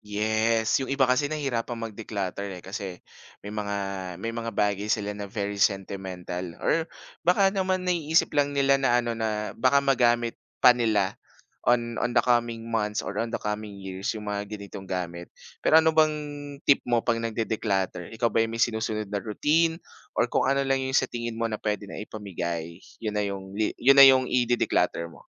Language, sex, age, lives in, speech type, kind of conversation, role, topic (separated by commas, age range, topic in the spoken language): Filipino, male, 25-29, Philippines, conversation, podcast, host, Ano ang mga puwede kong gawin para hindi agad bumalik ang kalat pagkatapos maglinis?